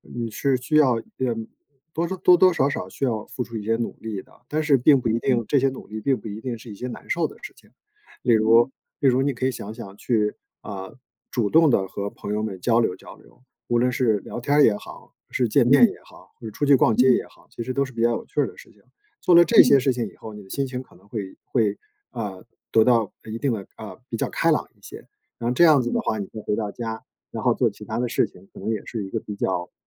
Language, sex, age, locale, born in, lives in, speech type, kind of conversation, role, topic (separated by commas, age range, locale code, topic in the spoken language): Chinese, male, 50-54, zh-CN, China, United States, conversation, advice, advisor, 你的周末生活为什么会变得没有规律、越来越混乱？